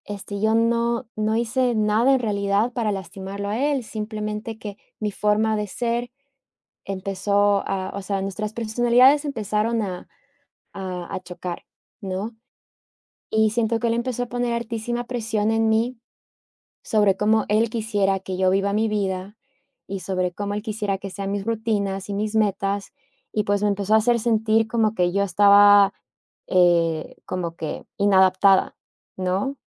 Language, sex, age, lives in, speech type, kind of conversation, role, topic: Spanish, female, 30-34, United States, conversation, advice, user, ¿Cómo puedo dejar de evitar emociones difíciles y manejar la culpa o el vacío?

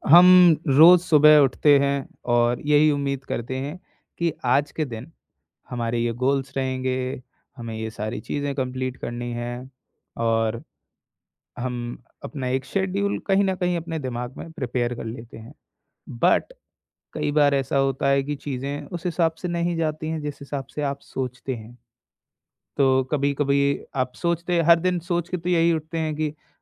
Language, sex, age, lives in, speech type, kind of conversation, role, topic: Hindi, male, 20-24, India, conversation, podcast, host, Bad din mein tum apne aap ko kaise sambhalte ho?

